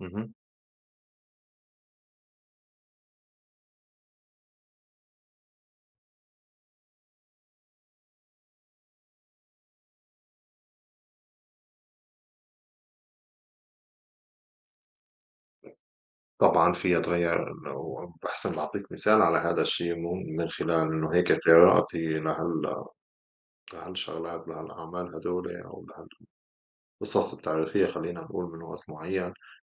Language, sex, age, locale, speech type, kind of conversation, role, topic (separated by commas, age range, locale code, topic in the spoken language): Arabic, male, 35-39, ar-SY, conversation, unstructured, none, إيه رأيك في تأثير الاستعمار على الشعوب الأصلية؟